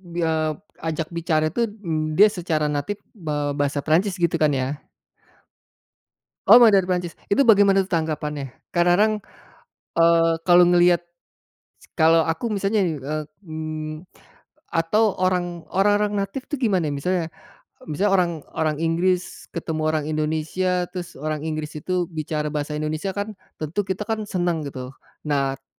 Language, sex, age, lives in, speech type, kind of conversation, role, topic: Indonesian, male, 45-49, Indonesia, conversation, podcast, host, Bagaimana pengalamanmu belajar bahasa kedua atau ketiga?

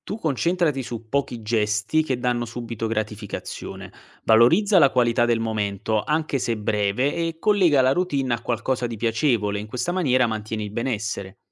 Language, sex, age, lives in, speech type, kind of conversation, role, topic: Italian, male, 25-29, Italy, read, expert-assistant, expert, Sai fare un paragone tra prendersi cura del proprio spazio domestico e coltivare una passione?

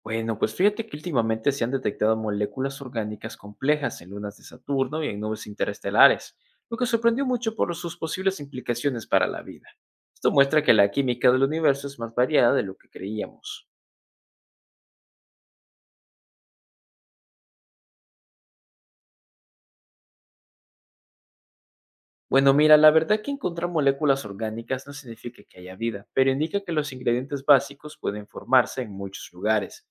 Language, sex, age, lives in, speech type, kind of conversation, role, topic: Spanish, male, 20-24, United States, read, expert-assistant, expert, ¿Hay algún libro o documental que te haya hecho ver el universo de otra manera?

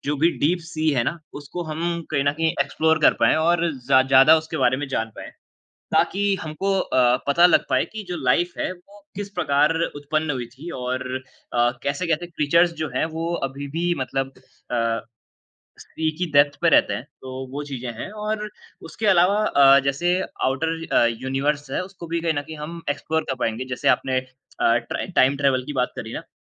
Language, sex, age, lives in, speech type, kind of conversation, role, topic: Hindi, male, 20-24, India, conversation, unstructured, none, कौन-सी नई तकनीक ने आपको सबसे ज़्यादा चौंकाया है?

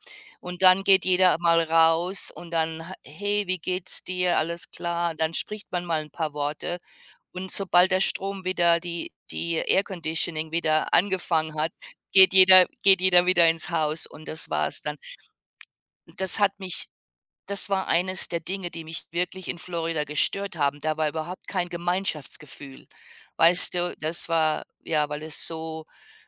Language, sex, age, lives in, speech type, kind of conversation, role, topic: German, female, 55-59, United States, conversation, unstructured, none, Was macht eine gute Nachbarschaft für dich aus?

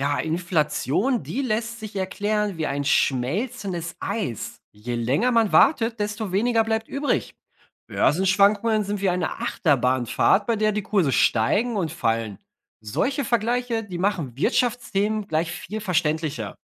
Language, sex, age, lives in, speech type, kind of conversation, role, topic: German, male, 30-34, Germany, read, expert-assistant, expert, Wie könnten Nachrichten spannender erzählt werden, damit sie mehr Menschen neugierig machen?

